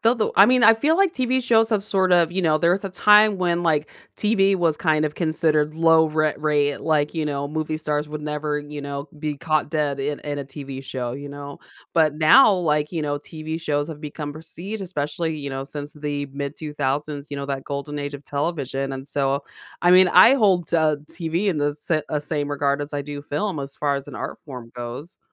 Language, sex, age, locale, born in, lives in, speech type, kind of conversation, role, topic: English, female, 35-39, en-US, United States, United States, conversation, podcast, host, What role do movies play in building connections between people?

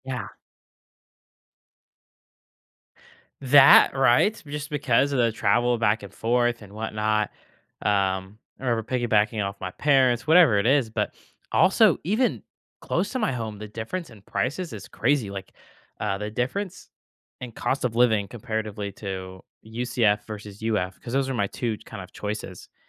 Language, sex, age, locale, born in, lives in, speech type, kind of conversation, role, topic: English, male, 18-19, en-US, United States, United States, conversation, podcast, guest, How do you weigh pros and cons before deciding?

